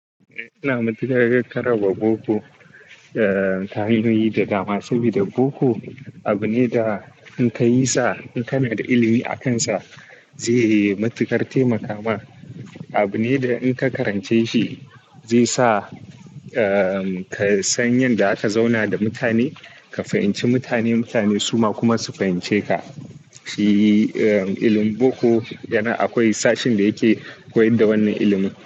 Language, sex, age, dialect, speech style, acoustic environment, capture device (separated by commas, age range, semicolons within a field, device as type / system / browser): Hausa, male, 18-24, Nigeria - Kano, focused; excited; relaxed; happy, nature, desktop / Linux / Chrome